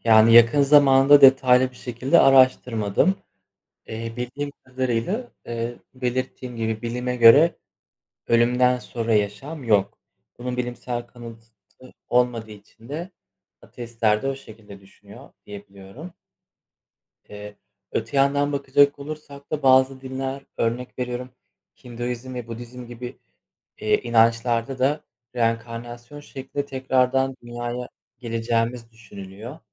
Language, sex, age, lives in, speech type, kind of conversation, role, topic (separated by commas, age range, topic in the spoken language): Turkish, male, 25-29, Poland, conversation, unstructured, none, Senin için ölümden sonra yaşam var mı?